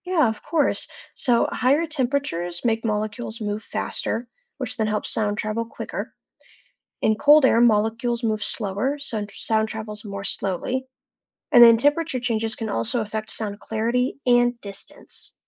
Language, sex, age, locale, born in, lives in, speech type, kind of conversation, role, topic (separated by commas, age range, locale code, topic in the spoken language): English, other, 20-24, en-US, United States, United States, read, expert-assistant, expert, How does sound travel through different mediums?